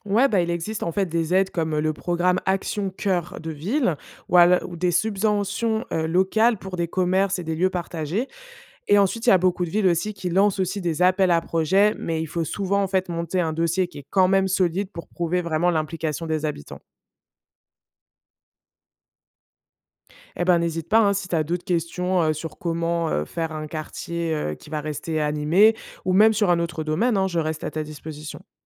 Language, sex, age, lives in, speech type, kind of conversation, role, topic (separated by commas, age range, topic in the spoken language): French, female, 25-29, France, read, expert-assistant, expert, Qu’est-ce qui fait qu’un quartier reste animé ou se vide de ses commerces ?